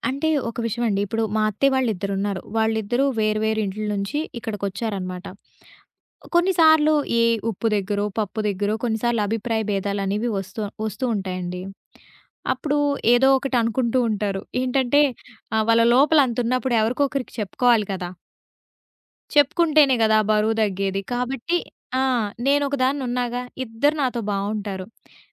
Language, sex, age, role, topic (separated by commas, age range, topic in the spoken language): Telugu, female, 20-24, guest, ఇల్లంతా కలిసి ఉంటే గోప్యత కోసం సరిహద్దులను ఎలా నిర్ణయించుకోవాలి?